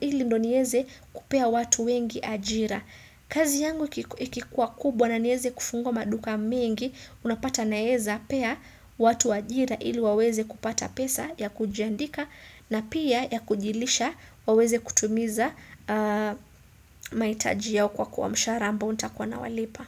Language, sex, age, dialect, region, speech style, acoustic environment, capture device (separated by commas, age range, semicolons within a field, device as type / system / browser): Swahili, female, 25-34, Kenya - Mombasa, Kenya, excited; happy; focused, silence, desktop / Windows / Chrome